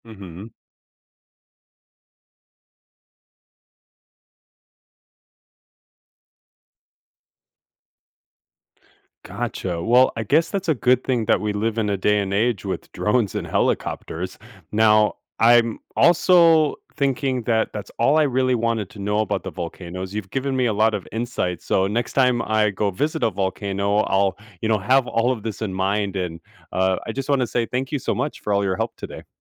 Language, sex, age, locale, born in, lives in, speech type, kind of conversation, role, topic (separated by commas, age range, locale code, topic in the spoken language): English, male, 30-34, en-US, United States, United States, read, expert-assistant, asker, How do volcanoes erupt and how are eruptions predicted?